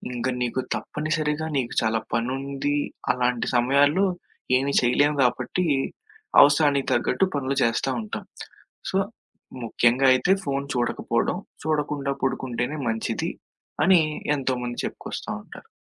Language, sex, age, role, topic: Telugu, male, 20-24, guest, రాత్రిపూట బాగా నిద్రపోవడానికి ఇంట్లో ఏ వస్తువులు ఎక్కువగా సహాయపడతాయని మీరు అనుకుంటారు?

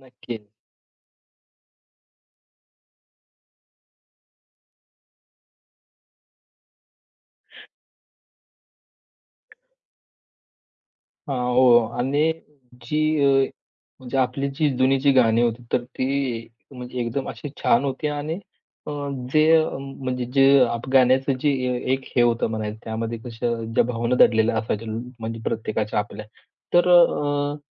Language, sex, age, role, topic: Marathi, male, 25-29, host, लहानपणी तुम्ही कोणती गाणी नेहमी ऐकत असायचात?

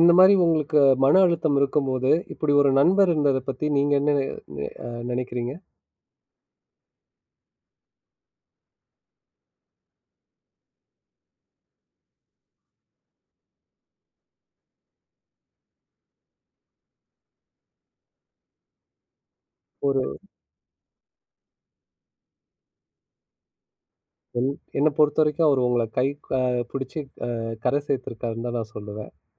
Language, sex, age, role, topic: Tamil, male, 30-34, host, நண்பர்களும் குடும்பத்தினரும் தரும் ஆதரவு மனஅழுத்தத்தை குறைக்க எவ்வளவு உதவுகிறது?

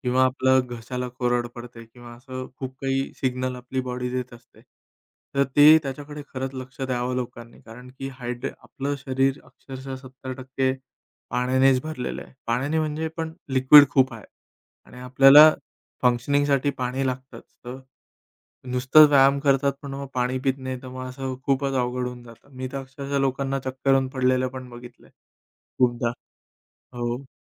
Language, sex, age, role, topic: Marathi, male, 30-34, guest, व्यायाम करताना शरीराचे संकेत कसे ऐकता आणि त्यानुसार व्यायामात कसा बदल करता?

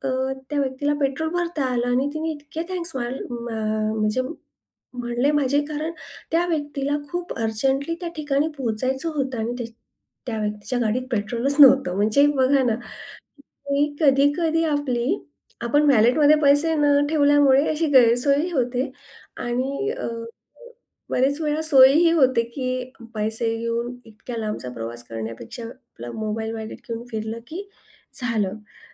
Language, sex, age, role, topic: Marathi, female, 40-44, guest, डिजिटल पेमेंट वापरताना तुमचा अनुभव कसा आहे?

